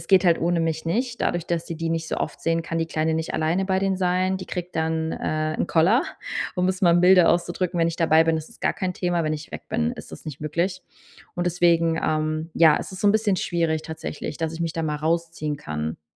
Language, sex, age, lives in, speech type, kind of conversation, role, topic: German, female, 30-34, Germany, conversation, advice, user, Wie kann ich mit Erschöpfung durch viele soziale Verpflichtungen umgehen?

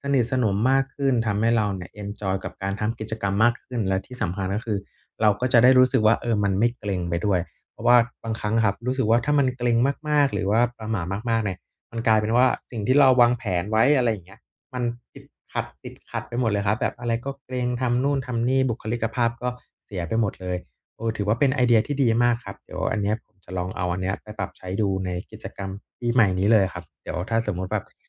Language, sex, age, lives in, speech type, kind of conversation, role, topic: Thai, male, 30-34, Thailand, conversation, advice, user, ไม่รู้จะเริ่มเข้าสังคมในงานเลี้ยงอย่างไรดี?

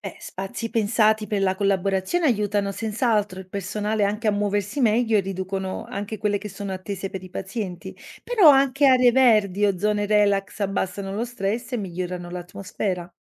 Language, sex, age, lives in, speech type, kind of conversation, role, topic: Italian, female, 30-34, Italy, read, expert-assistant, expert, Se fossi il responsabile di un ospedale, da dove inizieresti per migliorare il servizio: personale, attrezzature o organizzazione?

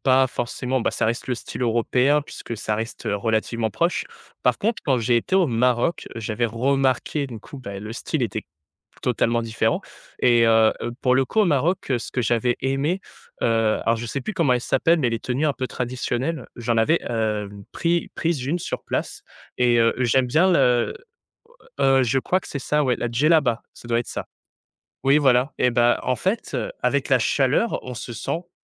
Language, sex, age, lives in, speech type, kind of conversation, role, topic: French, male, 20-24, France, conversation, podcast, host, Comment tes voyages ont-ils modifié ton style vestimentaire ?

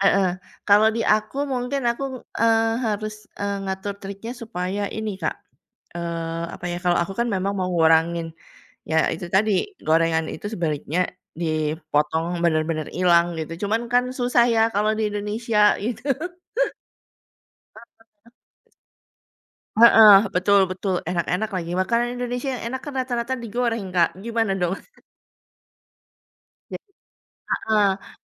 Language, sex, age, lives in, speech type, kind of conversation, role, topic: Indonesian, female, 40-44, Indonesia, conversation, unstructured, none, Bagaimana biasanya kamu menjaga kesehatan tubuh setiap hari?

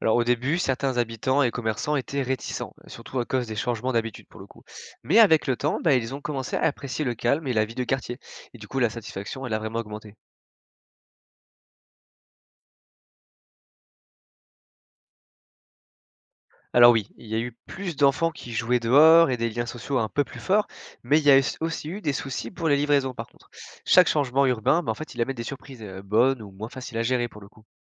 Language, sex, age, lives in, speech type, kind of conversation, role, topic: French, male, 20-24, France, read, expert-assistant, expert, Peux-tu donner un exemple concret où une intervention de santé publique a transformé la vie d’une communauté ?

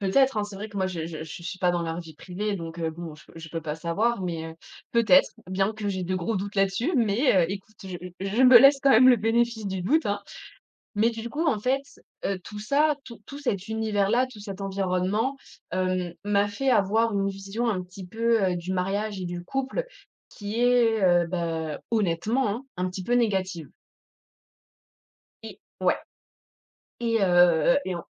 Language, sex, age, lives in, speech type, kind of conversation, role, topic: French, female, 25-29, France, conversation, podcast, guest, Comment ta famille parle-t-elle du mariage et des relations ?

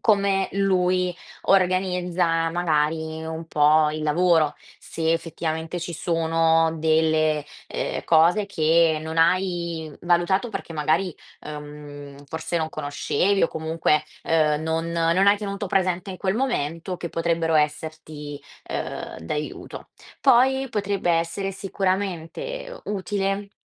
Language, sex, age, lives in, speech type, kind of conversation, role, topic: Italian, female, 20-24, Italy, conversation, advice, advisor, Cosa intende davvero chi mi critica quando dice che il mio lavoro è confuso?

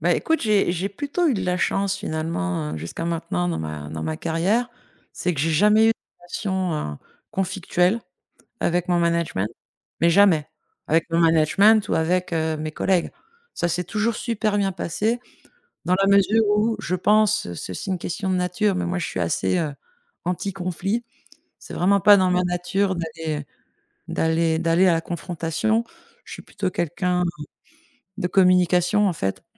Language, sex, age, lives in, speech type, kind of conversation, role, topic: French, female, 50-54, France, conversation, podcast, guest, Quels rituels as-tu pour déconnecter du boulot le soir ?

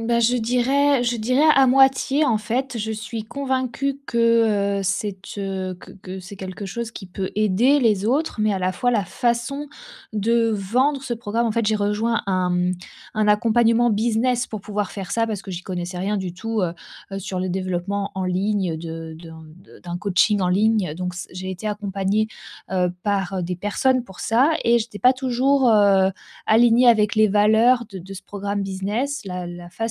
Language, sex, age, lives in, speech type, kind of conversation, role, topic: French, female, 30-34, France, conversation, advice, user, Comment puis-je retrouver mon calme après un pic de stress ?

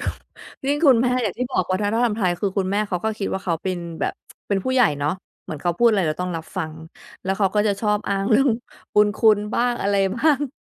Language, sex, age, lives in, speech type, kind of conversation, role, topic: Thai, female, 35-39, United States, conversation, podcast, guest, วัฒนธรรมไทยทำให้การรับฟังกันอย่างแท้จริงเป็นเรื่องยากไหม?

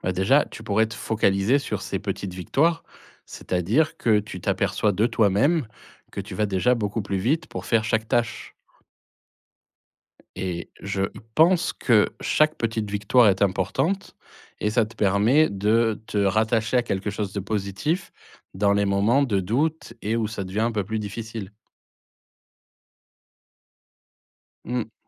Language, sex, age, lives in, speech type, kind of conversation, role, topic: French, male, 40-44, France, conversation, advice, advisor, Comment puis-je mieux équilibrer mon temps de travail et ma vie de famille ?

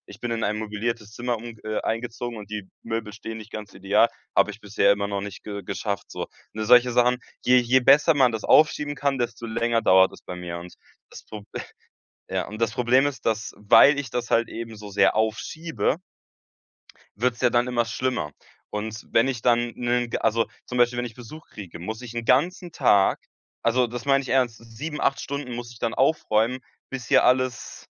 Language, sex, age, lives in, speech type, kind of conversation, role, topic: German, male, 18-19, Germany, conversation, advice, user, Wie kann ich meine Resilienz stärken, wenn mich Demotivation und Rückschläge entmutigen?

